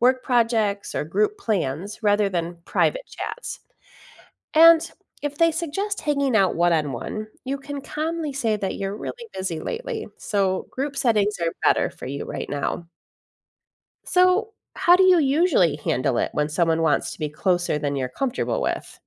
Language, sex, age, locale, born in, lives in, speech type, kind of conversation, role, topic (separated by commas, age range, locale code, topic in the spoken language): English, female, 45-49, en-US, United States, United States, read, expert-assistant, expert, Why do people form close friendships with certain individuals?